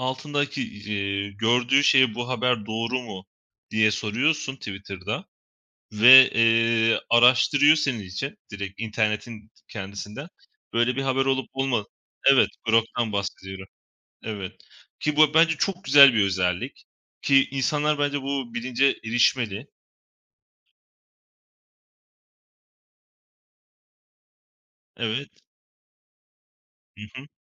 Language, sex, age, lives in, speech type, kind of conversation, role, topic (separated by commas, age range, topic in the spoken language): Turkish, male, 25-29, Poland, conversation, unstructured, none, Sosyal medyanın haber alma şeklimizi nasıl değiştirdiğini düşünüyor musun?